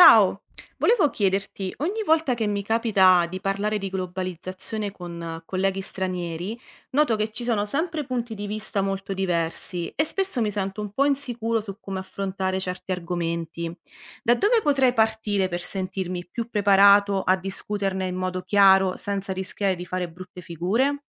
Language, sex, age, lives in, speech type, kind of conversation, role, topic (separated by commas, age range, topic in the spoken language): Italian, female, 30-34, Italy, read, expert-assistant, asker, Come si può discutere in modo equilibrato e sereno dei temi della globalizzazione?